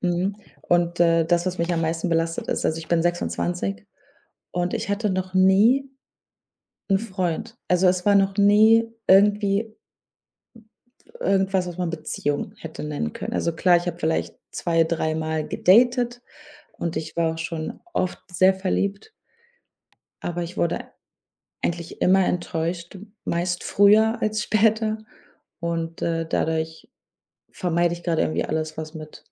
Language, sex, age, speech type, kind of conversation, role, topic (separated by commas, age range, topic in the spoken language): German, female, 25-29, conversation, advice, user, Wie kann die Angst, wieder verletzt zu werden, neue Bindungen verhindern?